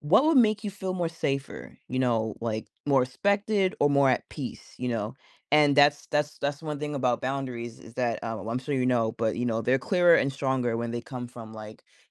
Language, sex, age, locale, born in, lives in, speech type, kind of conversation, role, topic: English, female, 30-34, en-US, United States, United States, conversation, advice, advisor, How can I set boundaries with my family?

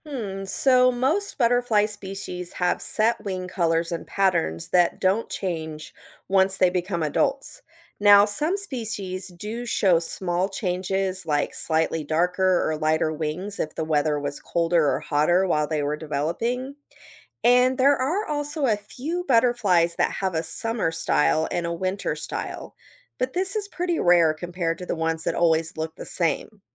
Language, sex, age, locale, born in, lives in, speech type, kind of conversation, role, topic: English, female, 40-44, en-US, United States, United States, read, expert-assistant, expert, How do patterns and colors help animals survive and how can we support butterflies?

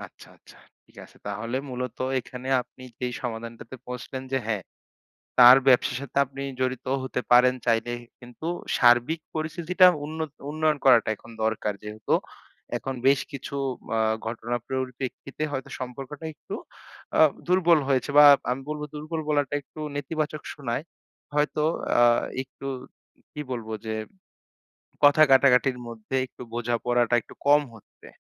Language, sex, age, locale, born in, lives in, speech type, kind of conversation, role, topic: Bengali, male, 25-29, bn-BD, Bangladesh, Bangladesh, conversation, advice, advisor, সম্পর্কের ভেতরের বিবাদ আমি কীভাবে শান্তভাবে মীমাংসা করতে পারি?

